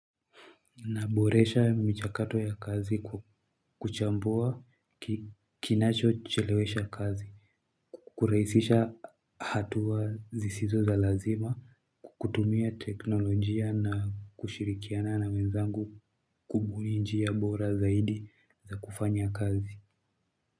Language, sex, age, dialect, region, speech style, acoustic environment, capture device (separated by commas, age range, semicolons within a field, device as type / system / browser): Swahili, male, 18-24, Kenya - Nairobi Sheng-influenced, Kenya, happy, music, mobile / Linux / Chrome